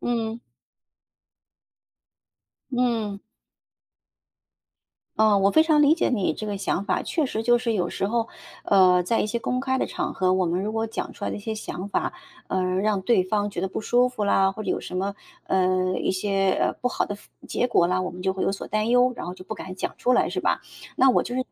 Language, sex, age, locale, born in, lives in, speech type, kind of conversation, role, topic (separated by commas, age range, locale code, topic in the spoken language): Chinese, female, 45-49, zh-CN, China, United States, conversation, advice, advisor, 我想表达不同意见但担心引发冲突，该怎么办？